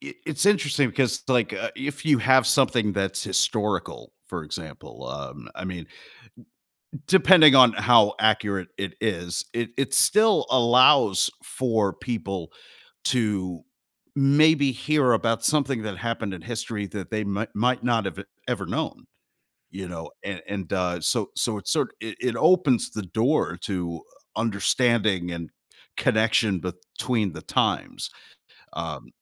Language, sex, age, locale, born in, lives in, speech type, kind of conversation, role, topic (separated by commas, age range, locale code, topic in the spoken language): English, male, 45-49, en-US, United States, United States, conversation, podcast, host, Why do familiar memories and past experiences have such a strong impact on what we enjoy in entertainment?